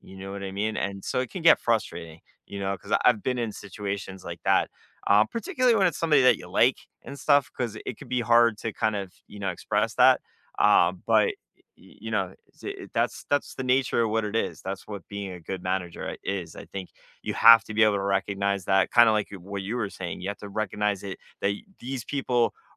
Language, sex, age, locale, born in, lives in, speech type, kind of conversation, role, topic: English, male, 40-44, en-US, United States, United States, conversation, unstructured, none, What have you learned—both good and bad—from a memorable manager or mentor?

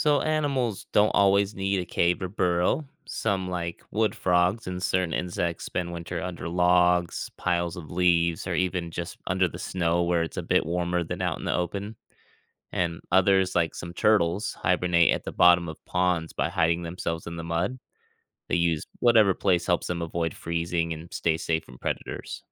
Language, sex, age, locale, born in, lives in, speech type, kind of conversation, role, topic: English, male, 35-39, en-US, United States, United States, read, expert-assistant, expert, How do animals survive winter through hibernation?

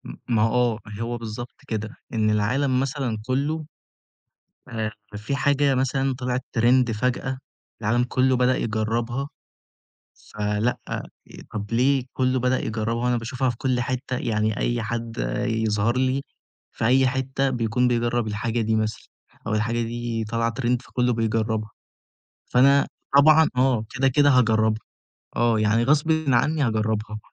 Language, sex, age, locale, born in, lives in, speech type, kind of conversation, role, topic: Arabic, male, 20-24, ar-EG, Egypt, Egypt, conversation, podcast, guest, شو رأيك في تأثير السوشيال ميديا علينا؟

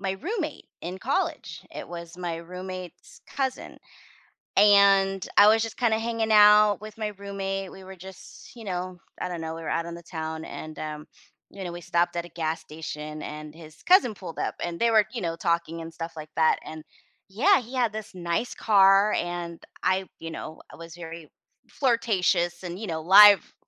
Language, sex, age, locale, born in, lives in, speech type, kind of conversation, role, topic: English, female, 40-44, en-US, United States, United States, conversation, advice, user, How can I tell my first love I still have feelings?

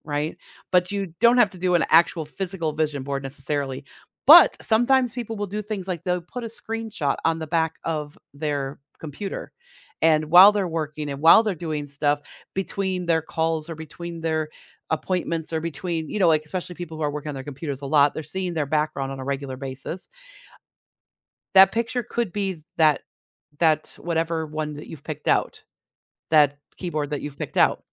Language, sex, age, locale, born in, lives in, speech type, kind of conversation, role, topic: English, female, 55-59, en-US, United States, United States, conversation, advice, advisor, How can I create a healthier balance between work and my personal life?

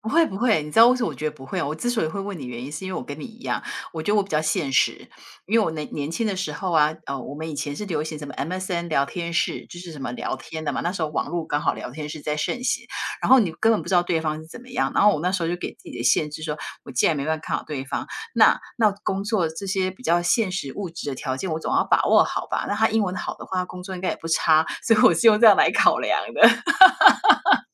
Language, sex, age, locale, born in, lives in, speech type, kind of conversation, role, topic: Chinese, female, 50-54, zh-TW, Taiwan, United States, conversation, unstructured, none, 你觉得恋爱中最重要的是什么？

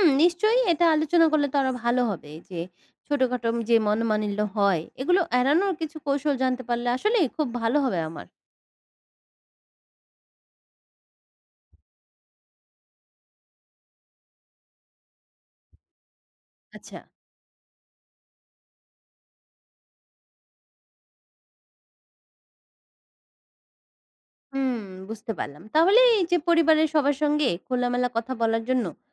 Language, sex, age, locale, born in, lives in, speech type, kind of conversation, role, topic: Bengali, female, 20-24, bn-BD, Bangladesh, Bangladesh, read, expert-assistant, asker, পরিবারের সদস্যদের সঙ্গে সুসম্পর্ক বজায় রাখার উপায়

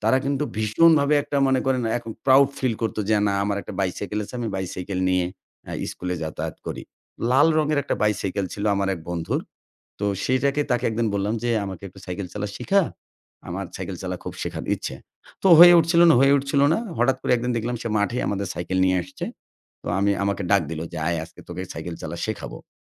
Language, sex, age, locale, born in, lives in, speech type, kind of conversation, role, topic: Bengali, male, 40-44, bn-BD, Bangladesh, Bangladesh, conversation, podcast, guest, শেখার আনন্দ তোমার জীবনে প্রথম কবে এসেছিল?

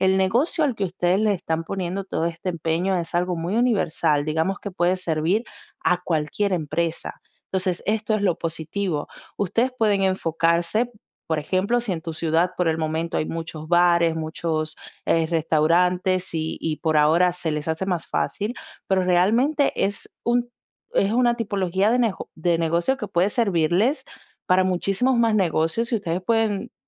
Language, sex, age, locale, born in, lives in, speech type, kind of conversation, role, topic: Spanish, female, 20-24, es-DO, Dominican Republic, Italy, conversation, advice, advisor, ¿Cómo puedo conseguir mis primeros clientes para mi negocio?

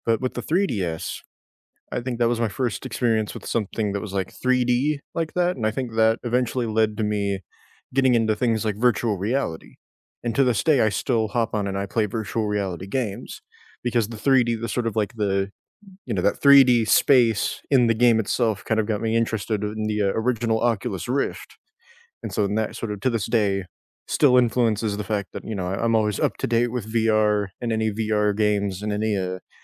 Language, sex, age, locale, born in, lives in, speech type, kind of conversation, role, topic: English, male, 20-24, en-US, United States, United States, conversation, unstructured, none, What childhood gadgets shaped you, and what memories do they bring back?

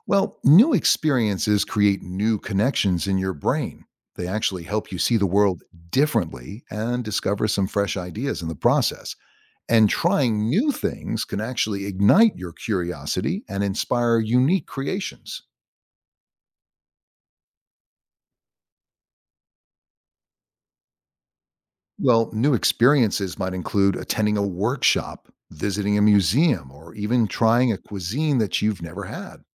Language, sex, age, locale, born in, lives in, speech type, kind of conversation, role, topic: English, male, 60-64, en-US, United States, United States, read, expert-assistant, expert, How can I cultivate creativity in my daily life?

